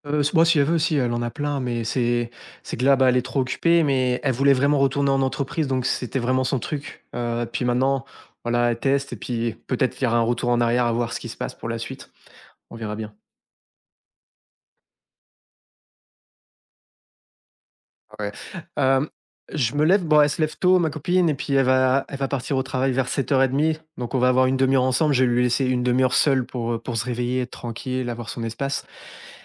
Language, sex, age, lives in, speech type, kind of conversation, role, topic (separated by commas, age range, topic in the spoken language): French, male, 30-34, France, conversation, podcast, guest, Comment trouver un équilibre entre le télétravail et la vie personnelle ?